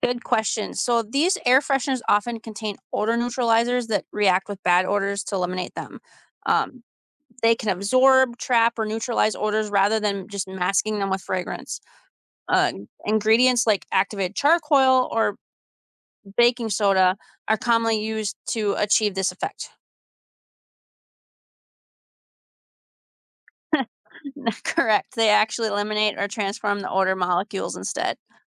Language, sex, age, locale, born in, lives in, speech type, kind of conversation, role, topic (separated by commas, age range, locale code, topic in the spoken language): English, female, 35-39, en-US, United States, United States, read, expert-assistant, expert, How do air fresheners spread scent?